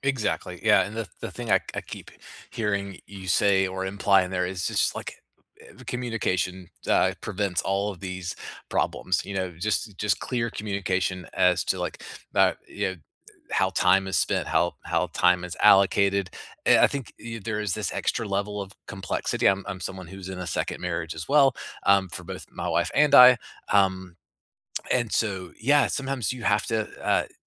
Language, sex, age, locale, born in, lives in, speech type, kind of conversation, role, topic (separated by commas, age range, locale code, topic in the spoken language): English, male, 45-49, en-US, United States, United States, conversation, unstructured, none, Do clearly defined relationship rules prevent fights, or do they undermine spontaneity and intimacy?